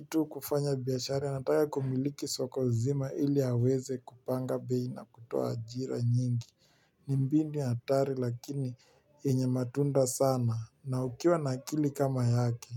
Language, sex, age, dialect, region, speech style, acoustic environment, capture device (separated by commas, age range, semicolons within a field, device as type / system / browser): Swahili, male, 18-24, Kenya - Nairobi Sheng-influenced, Kenya, relaxed, nature, mobile / Linux / Chrome